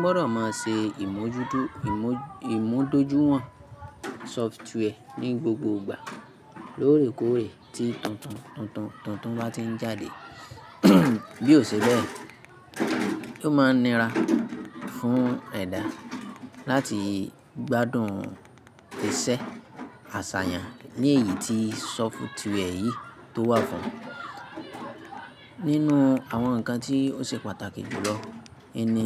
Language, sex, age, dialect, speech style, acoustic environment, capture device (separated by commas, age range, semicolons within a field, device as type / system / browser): Yoruba, female, 25-34, Nigeria - Ibadan, happy; relaxed; focused, silence, mobile / Linux / Chrome